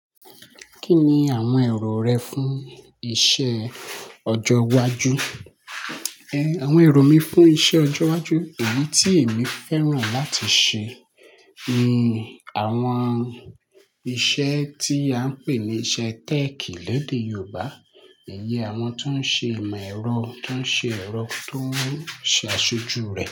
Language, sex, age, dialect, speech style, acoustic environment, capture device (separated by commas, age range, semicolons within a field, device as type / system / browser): Yoruba, male, 25-34, Nigeria - Lagos Yoruba, happy, nature, mobile / Linux / Chrome